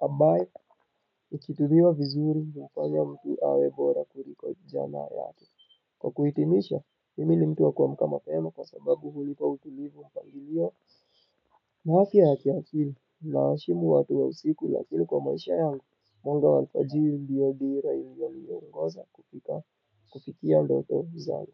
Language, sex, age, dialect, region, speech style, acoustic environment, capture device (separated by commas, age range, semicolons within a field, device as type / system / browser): Swahili, male, 25-34, Kenya - Nairobi Sheng-influenced, Kenya, nervous, people talking; music; appliances, desktop / Windows / Chrome